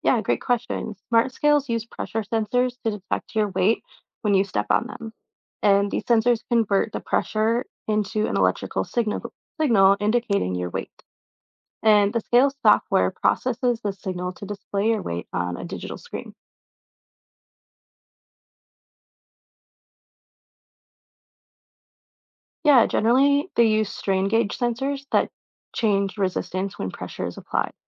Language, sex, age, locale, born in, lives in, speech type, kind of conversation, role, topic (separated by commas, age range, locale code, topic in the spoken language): English, female, 25-29, en-US, United States, United States, read, expert-assistant, expert, How do smart scales measure weight?